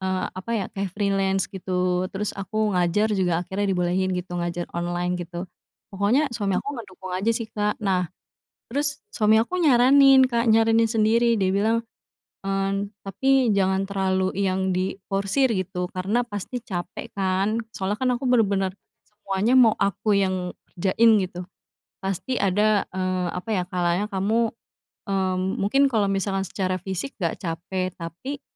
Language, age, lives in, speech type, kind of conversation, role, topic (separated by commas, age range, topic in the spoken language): Indonesian, 25-29, Indonesia, conversation, podcast, guest, Apa kebiasaan kecil yang membuat akhir pekanmu jadi lebih berkesan?